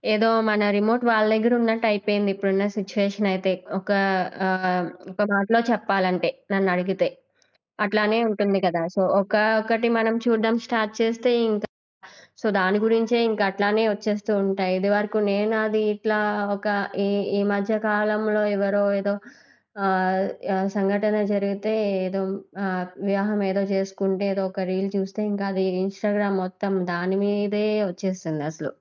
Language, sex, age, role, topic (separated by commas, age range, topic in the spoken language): Telugu, female, 20-24, guest, సామాజిక మాధ్యమాలు మన అభిప్రాయాలను ఎలా ప్రభావితం చేసి మార్చుతాయి?